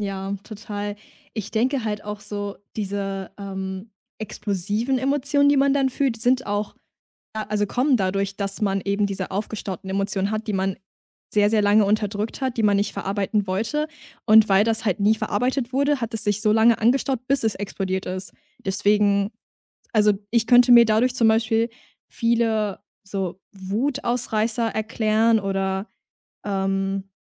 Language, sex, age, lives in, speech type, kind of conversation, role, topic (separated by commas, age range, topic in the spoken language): German, female, 20-24, Germany, conversation, podcast, guest, Was war dein größter emotionaler Lernmoment?